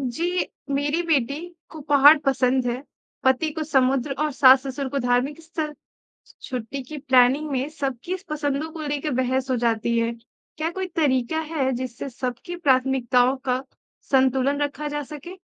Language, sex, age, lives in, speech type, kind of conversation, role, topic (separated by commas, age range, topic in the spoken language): Hindi, female, 25-29, India, read, expert-assistant, asker, अगर आपके परिवार के हर सदस्य की अलग-अलग पसंद और प्राथमिकताएँ हों, तो छुट्टी की यात्रा की योजना कैसे बनाएं ताकि सभी खुश रहें?